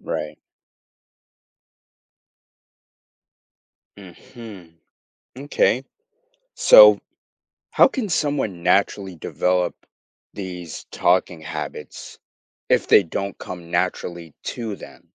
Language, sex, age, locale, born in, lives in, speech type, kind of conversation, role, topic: English, male, 18-19, en-US, United States, United States, conversation, podcast, host, What qualities help someone become a more approachable conversationalist?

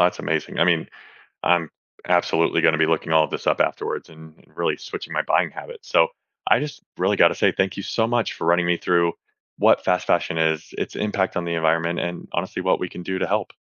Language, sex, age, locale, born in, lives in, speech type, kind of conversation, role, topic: English, male, 35-39, en-US, United States, United States, read, expert-assistant, asker, What is the impact of fast fashion on the environment?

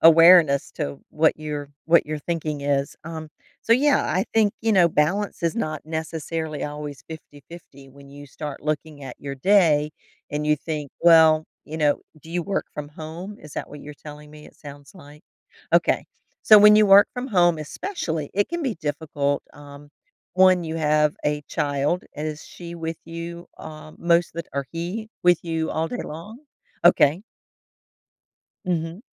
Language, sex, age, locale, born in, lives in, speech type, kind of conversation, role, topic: English, female, 55-59, en-US, United States, United States, conversation, advice, advisor, How can I create clearer boundaries so work doesn't take over my personal life?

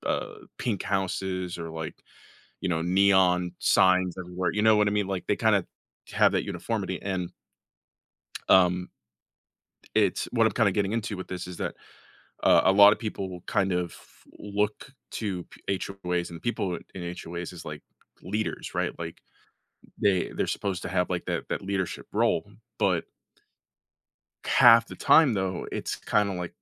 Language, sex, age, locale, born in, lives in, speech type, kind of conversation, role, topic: English, male, 25-29, en-US, United States, United States, conversation, podcast, host, What qualities help communities thrive and stay connected?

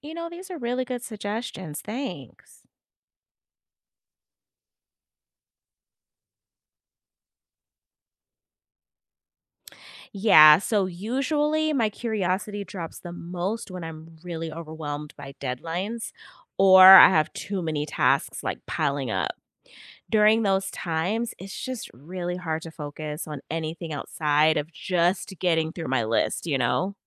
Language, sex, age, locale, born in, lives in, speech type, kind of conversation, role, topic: English, female, 40-44, en-US, United States, United States, read, expert-assistant, asker, How does curiosity lead to new discoveries?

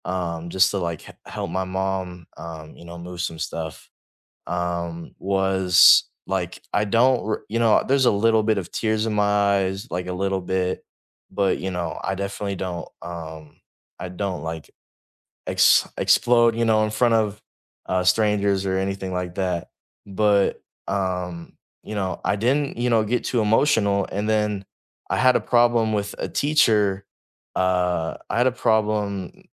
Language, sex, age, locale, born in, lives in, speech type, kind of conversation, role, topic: English, male, 18-19, en-US, United States, United States, conversation, unstructured, none, What does it feel like to find joy again after a loss?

